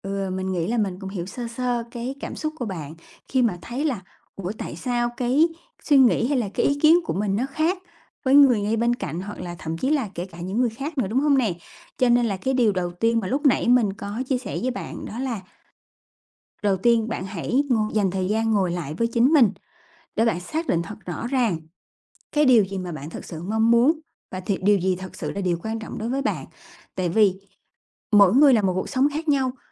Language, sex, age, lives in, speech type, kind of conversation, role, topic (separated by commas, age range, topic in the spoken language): Vietnamese, female, 30-34, Vietnam, conversation, advice, advisor, Bạn nên làm gì khi muốn tâm sự với bạn thân nhưng sợ bị đánh giá hoặc bị coi thường?